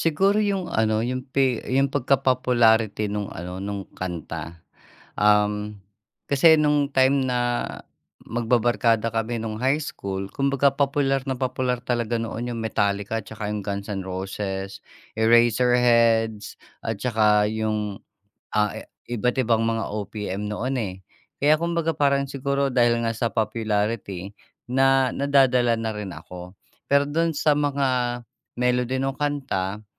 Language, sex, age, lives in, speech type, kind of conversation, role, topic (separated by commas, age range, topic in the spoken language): Filipino, male, 45-49, Philippines, conversation, podcast, guest, Ano ang papel ng mga kaibigan mo sa pagtuklas mo ng musika?